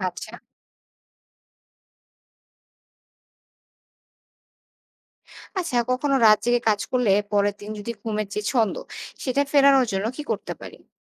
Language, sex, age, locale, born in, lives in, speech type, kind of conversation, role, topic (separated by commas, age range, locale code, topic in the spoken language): Bengali, female, 25-29, bn-BD, Bangladesh, Bangladesh, read, expert-assistant, asker, স্বাস্থ্য ভালো রাখতে ঘুম, খাবার আর ব্যায়ামের মধ্যে কোন কৌশলগুলো সবচেয়ে কাজে দেয় বলে আপনার অভিজ্ঞতা কী বলে?